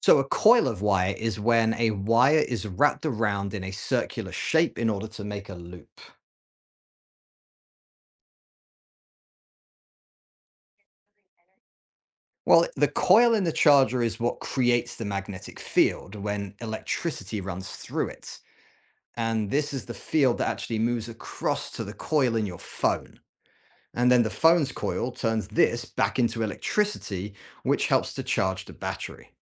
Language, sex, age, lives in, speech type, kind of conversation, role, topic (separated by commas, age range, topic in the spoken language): English, male, 40-44, United States, read, expert-assistant, expert, How does a wireless charger transfer energy?